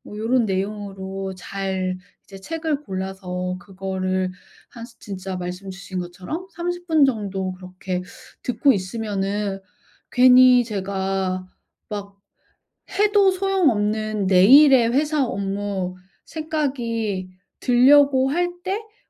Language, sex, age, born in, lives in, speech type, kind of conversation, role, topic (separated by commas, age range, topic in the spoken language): Korean, female, 40-44, South Korea, United States, conversation, advice, user, 바쁜 하루를 보낸 뒤 저녁에 마음을 진정시키는 루틴은 어떻게 시작하면 좋을까요?